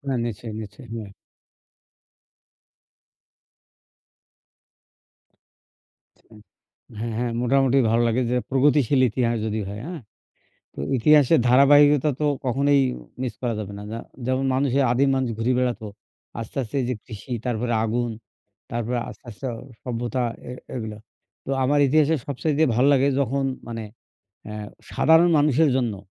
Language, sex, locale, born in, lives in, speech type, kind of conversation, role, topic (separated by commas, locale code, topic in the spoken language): Bengali, male, bn-BD, Bangladesh, Bangladesh, conversation, unstructured, none, আপনি ইতিহাস থেকে কীভাবে আনন্দ পান?